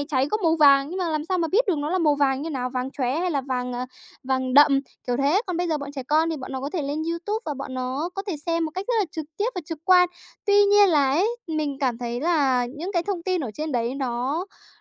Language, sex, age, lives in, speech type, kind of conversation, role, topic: Vietnamese, female, 20-24, Japan, conversation, podcast, guest, Bạn bắt đầu tò mò về điều gì khi còn nhỏ nhỉ?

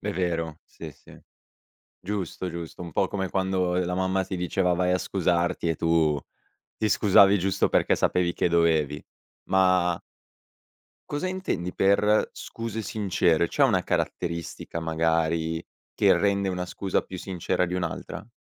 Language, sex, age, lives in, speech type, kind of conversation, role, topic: Italian, male, 18-19, Italy, conversation, podcast, host, Che ruolo hanno le scuse sincere nella fiducia?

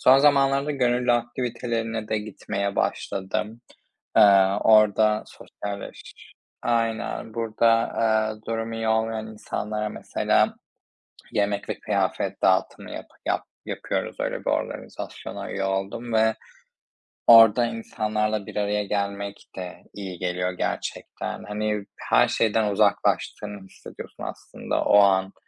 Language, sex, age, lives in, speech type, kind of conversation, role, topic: Turkish, male, 25-29, Spain, conversation, unstructured, none, Stresle başa çıkmanın en etkili yolları nelerdir?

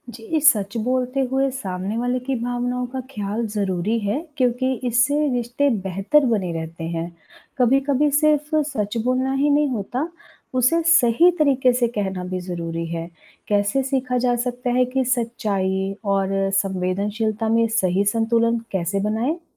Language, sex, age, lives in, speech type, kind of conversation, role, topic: Hindi, female, 35-39, India, read, expert-assistant, asker, सच्चाई बोलने के क्या लाभ हैं?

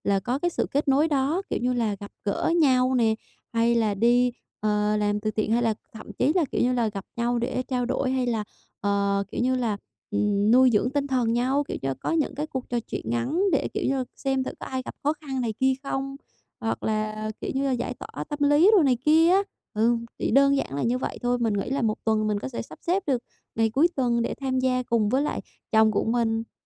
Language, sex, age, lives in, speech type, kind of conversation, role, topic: Vietnamese, female, 25-29, Vietnam, conversation, advice, user, Làm sao để kết nối với cộng đồng và cảm thấy mình có ích?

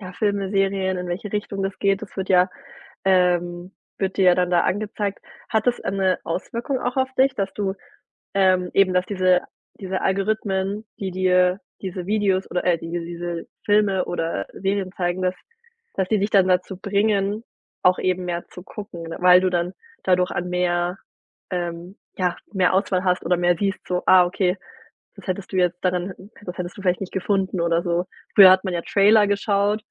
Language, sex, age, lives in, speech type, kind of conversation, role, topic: German, female, 30-34, Germany, conversation, podcast, host, Wie hat Streaming dein Filmverhalten ganz ehrlich verändert?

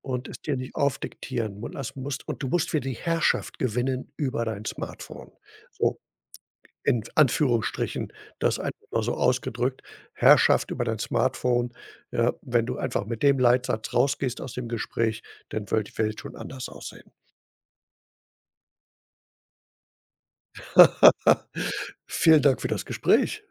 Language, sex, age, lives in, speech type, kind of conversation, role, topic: German, male, 65-69, Germany, conversation, podcast, host, Wie bestimmt dein Smartphone deinen Alltag?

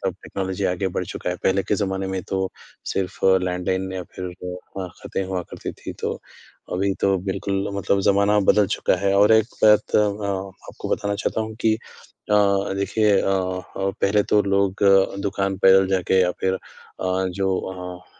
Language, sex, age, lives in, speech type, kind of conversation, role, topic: Hindi, male, 20-24, India, conversation, unstructured, none, क्या तकनीक ने हमारे रिश्तों को कमजोर किया है?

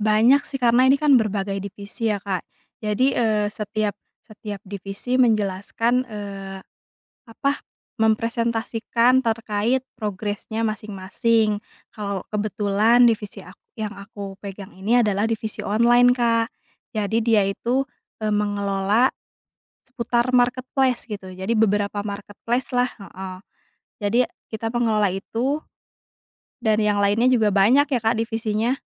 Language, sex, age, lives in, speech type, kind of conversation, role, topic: Indonesian, female, 30-34, Indonesia, conversation, advice, user, Bagaimana cara mengatasi rasa takut berbicara di depan umum meski saya memiliki ide penting?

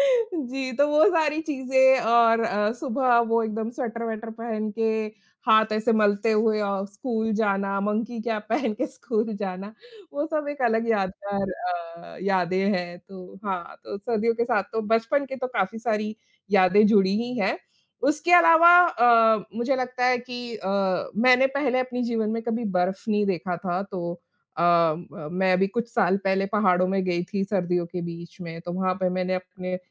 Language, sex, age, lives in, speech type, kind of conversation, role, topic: Hindi, female, 35-39, India, conversation, unstructured, none, आप अपना पसंदीदा मौसम कैसे चुनते हैं?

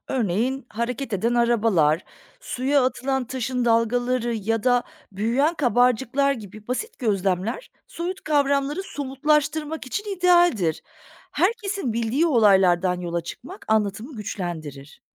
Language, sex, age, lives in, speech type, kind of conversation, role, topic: Turkish, female, 40-44, Germany, read, expert-assistant, expert, Evrenle ilgili öğrendiğim ilginç bilgileri, kafamda daha düzenli tutmak için ne yapabilirim?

